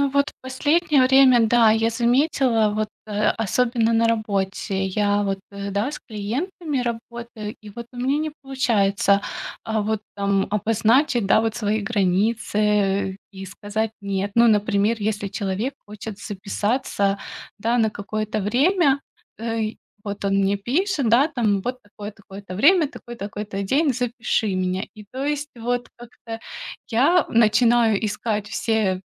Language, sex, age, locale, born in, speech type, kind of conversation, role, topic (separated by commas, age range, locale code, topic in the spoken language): Russian, female, 35-39, ru-RU, Ukraine, conversation, advice, user, Как мне научиться отстаивать личные границы, если я боюсь потерять близких людей?